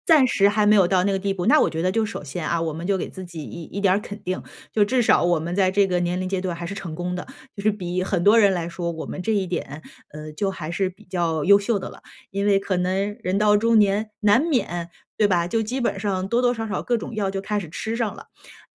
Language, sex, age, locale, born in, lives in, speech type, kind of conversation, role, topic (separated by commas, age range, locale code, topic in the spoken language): Chinese, female, 35-39, zh-CN, China, United States, conversation, advice, advisor, 我怎样才能更好地接受身体功能的变化？